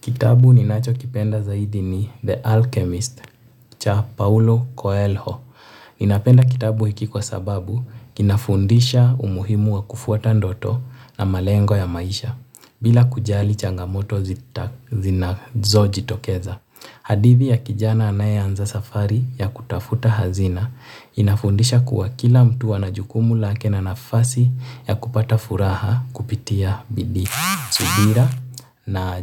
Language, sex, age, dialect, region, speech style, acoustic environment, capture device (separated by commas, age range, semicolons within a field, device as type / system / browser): Swahili, male, 18-24, Kenya - Nairobi Sheng-influenced, Kenya, relaxed, silence, mobile / Linux / Chrome